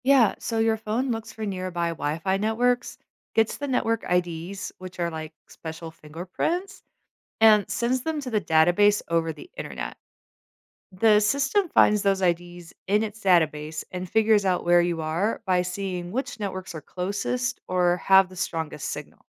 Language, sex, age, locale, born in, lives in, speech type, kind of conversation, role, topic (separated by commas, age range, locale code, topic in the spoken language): English, female, 40-44, en-US, United States, United States, read, expert-assistant, expert, How do GPS systems know your location?